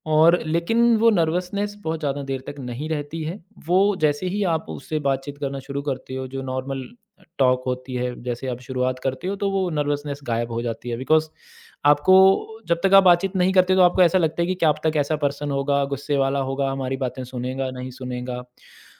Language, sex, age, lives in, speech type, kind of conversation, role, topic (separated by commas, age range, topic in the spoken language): Hindi, male, 35-39, India, conversation, podcast, guest, Apne mentor ko approach karne ka tumhara style kya hai?